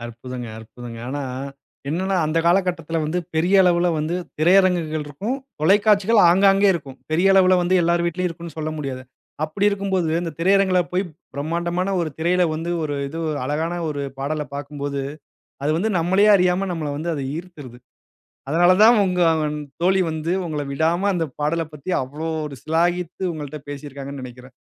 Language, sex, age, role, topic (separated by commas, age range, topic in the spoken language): Tamil, male, 35-39, host, பள்ளிக்கால நினைவுகளை மீட்டெழுப்பும் பாடலை பரிந்துரைக்க முடியுமா?